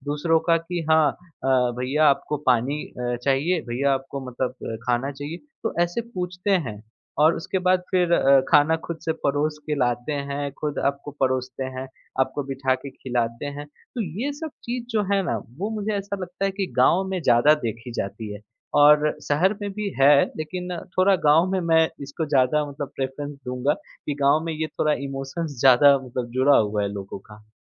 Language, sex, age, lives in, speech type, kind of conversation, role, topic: Hindi, male, 25-29, India, conversation, podcast, guest, Kya tum kabhi kisi gaon gaye ho, jahan logon se milkar tumhein kuch sach mein alag mehsoos hua ho?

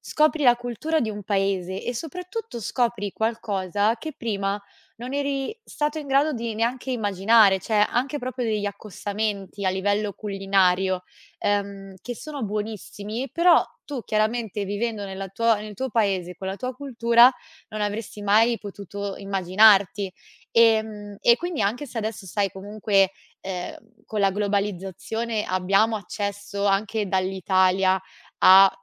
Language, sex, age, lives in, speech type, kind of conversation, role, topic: Italian, female, 25-29, Italy, conversation, podcast, guest, Quale piatto o quale sapore ti ha aperto una porta su un’altra cultura?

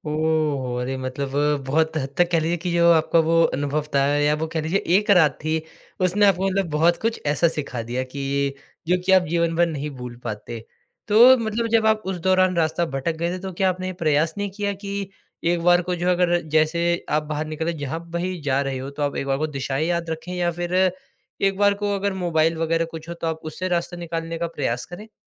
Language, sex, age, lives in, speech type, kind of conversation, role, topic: Hindi, male, 20-24, India, conversation, podcast, host, क्या आप कभी किसी अनजान शहर में रास्ता भटक गए थे?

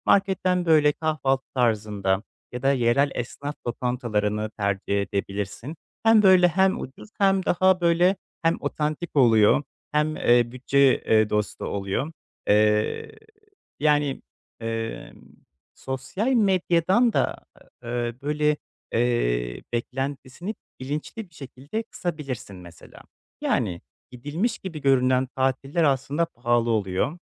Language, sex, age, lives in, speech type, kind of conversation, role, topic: Turkish, male, 25-29, Poland, conversation, advice, advisor, Bu yaz bütçemi zorlamadan keyifli bir seyahati nasıl planlayabilirim?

